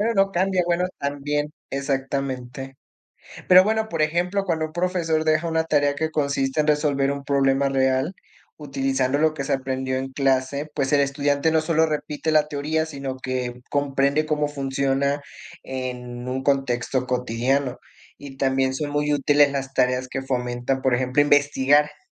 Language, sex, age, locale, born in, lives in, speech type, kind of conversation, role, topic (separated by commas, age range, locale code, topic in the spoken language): Spanish, male, 25-29, es-MX, Mexico, Mexico, conversation, unstructured, none, ¿Crees que las tareas realmente ayudan a aprender?